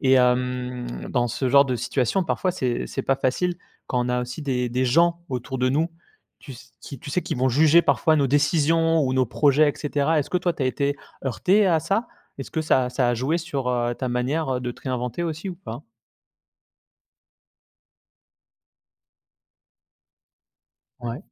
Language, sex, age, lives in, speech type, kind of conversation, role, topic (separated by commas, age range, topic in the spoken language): French, male, 30-34, France, conversation, podcast, host, Quel est ton meilleur conseil pour commencer à te réinventer ?